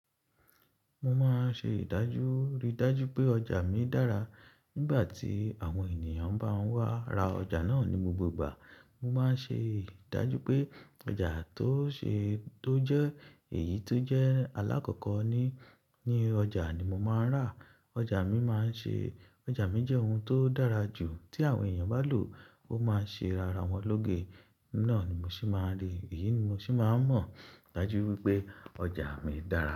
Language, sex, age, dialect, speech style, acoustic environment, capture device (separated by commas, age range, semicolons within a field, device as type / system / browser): Yoruba, male, 25-34, Nigeria - Ibadan, excited; relaxed; focused, silence, mobile / Linux / Chrome